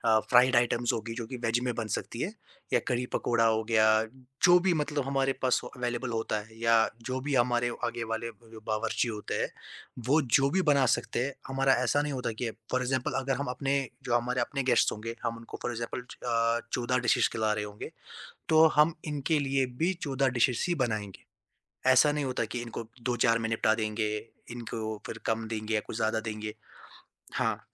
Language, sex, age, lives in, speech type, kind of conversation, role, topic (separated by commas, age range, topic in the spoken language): Hindi, male, 20-24, India, conversation, podcast, guest, Vegetarian guests ke liye celebration ka menu kaise plan karte ho?